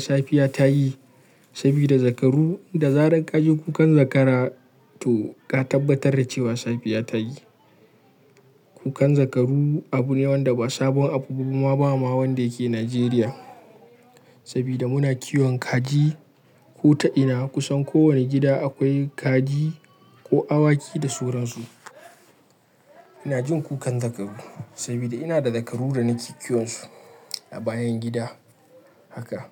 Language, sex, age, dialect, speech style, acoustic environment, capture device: Hausa, male, 25-34, Nigeria - Kano, happy; relaxed; focused, silence, mobile / Linux / Chrome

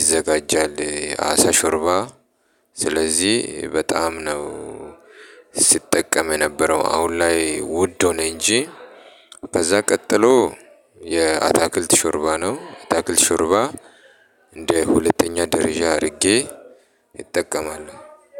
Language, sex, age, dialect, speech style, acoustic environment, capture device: Amharic, male, 35-44, Ethiopia - Addis Ababa, happy; relaxed; excited; focused, nature; appliances, mobile / Linux / Chrome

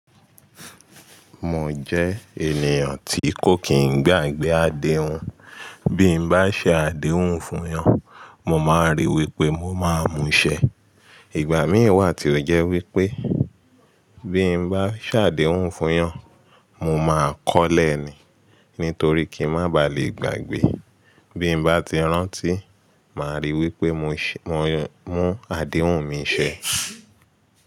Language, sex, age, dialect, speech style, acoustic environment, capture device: Yoruba, male, 25-34, Nigeria - Lagos Yoruba, excited, silence, mobile / Linux / Chrome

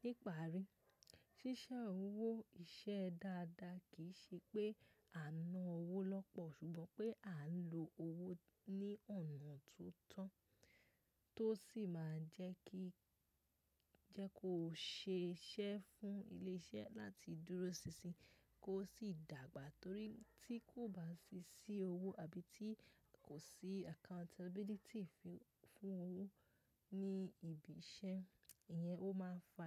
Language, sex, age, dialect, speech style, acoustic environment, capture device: Yoruba, female, 18-24, Nigeria - Lagos Yoruba, relaxed; focused, nature; silence, mobile / macOS / Safari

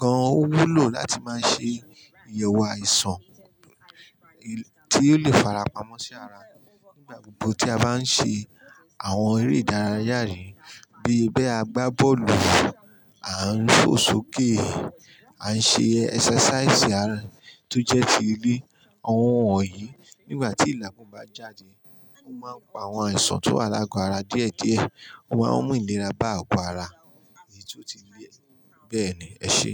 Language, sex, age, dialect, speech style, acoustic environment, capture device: Yoruba, male, 35-44, Nigeria - Ijebu, happy; anxious; stressed; excited; tired, construction; people talking; music; silence; appliances, mobile / Linux / Chrome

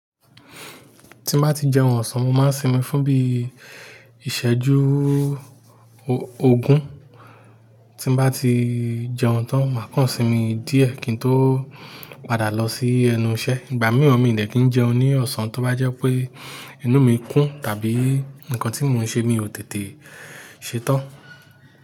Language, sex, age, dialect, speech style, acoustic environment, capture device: Yoruba, male, 35-44, Nigeria - Nigerian Standard, focused, nature, mobile / Linux / Chrome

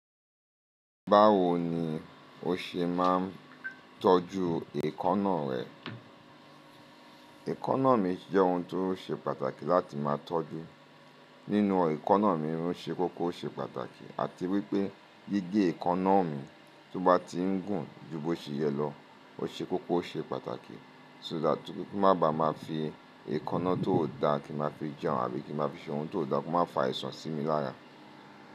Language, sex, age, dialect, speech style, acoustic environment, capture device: Yoruba, male, 35-44, Nigeria - Lagos Yoruba, happy, appliances, mobile / Linux / Chrome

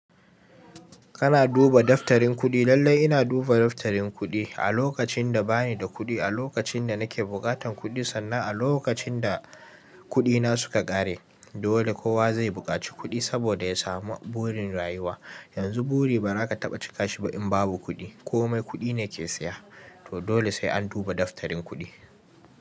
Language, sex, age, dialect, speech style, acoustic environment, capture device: Hausa, male, 18-24, Nigeria - Kano, nervous; excited; focused; stressed; relaxed; anxious; happy; tired, people talking; music; nature; silence; traffic; construction; appliances, mobile / Linux / Chrome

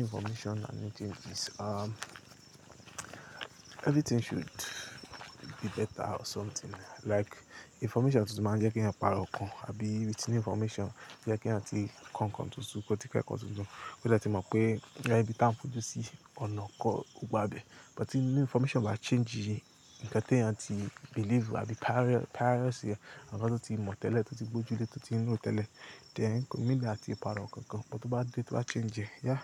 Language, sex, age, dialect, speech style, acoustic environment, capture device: Yoruba, male, 25-34, Nigeria - Lagos Yoruba, happy, silence; nature, mobile / Linux / Chrome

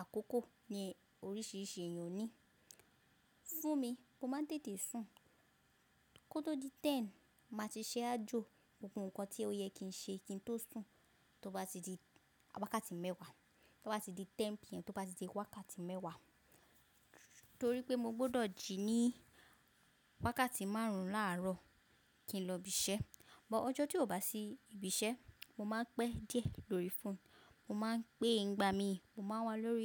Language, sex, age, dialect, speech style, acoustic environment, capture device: Yoruba, female, 25-34, Nigeria - Lagos Yoruba, focused; relaxed, silence, mobile / macOS / Safari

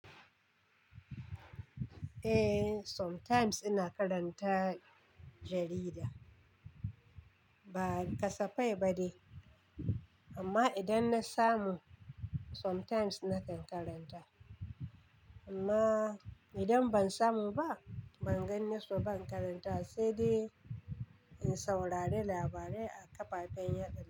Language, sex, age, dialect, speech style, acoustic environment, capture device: Hausa, female, 35-44, Nigeria - Kano, nervous, silence, desktop / Linux / Chrome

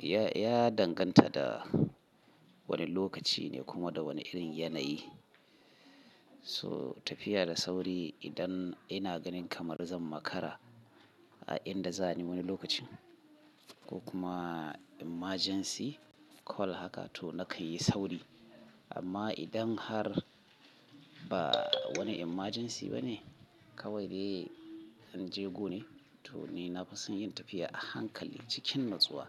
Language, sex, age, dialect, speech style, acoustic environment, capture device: Hausa, male, 35-44, Nigeria - Kano, focused, people talking, mobile / Linux / Chrome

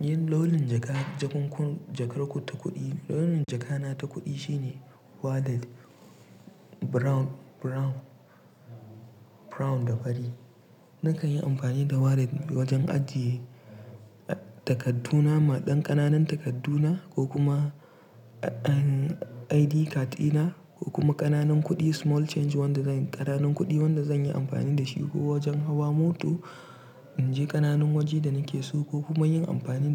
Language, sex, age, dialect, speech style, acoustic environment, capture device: Hausa, male, 18-24, Nigeria - Pidgin-influenced, relaxed; tired; focused; stressed, silence; nature, mobile / Linux / Chrome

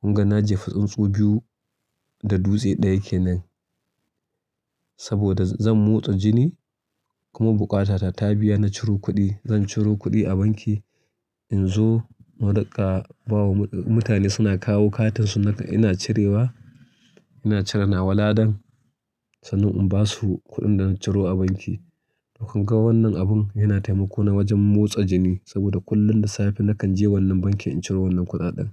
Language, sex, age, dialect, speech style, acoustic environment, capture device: Hausa, male, 35-44, Nigeria - Kano, relaxed, nature, mobile / Linux / Chrome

